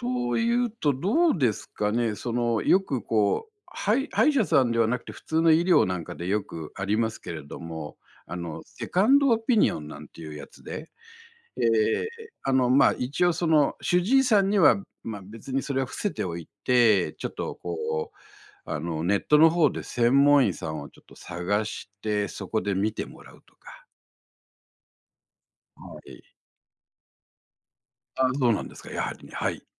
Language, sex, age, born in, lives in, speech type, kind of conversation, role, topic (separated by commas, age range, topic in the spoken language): Japanese, male, 60-64, Japan, Japan, conversation, advice, advisor, 健康診断で異常を指摘されて、これからのことが不安なのですが、どうすればよいですか？